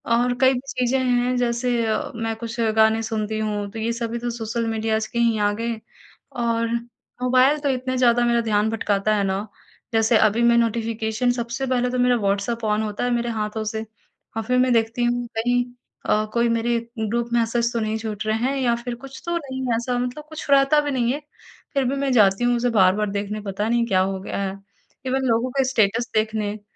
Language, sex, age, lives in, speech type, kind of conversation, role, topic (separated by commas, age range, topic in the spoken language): Hindi, female, 55-59, India, conversation, advice, user, मैं विकर्षण कम करके अपना ध्यान कैसे बेहतर कर सकता/सकती हूँ?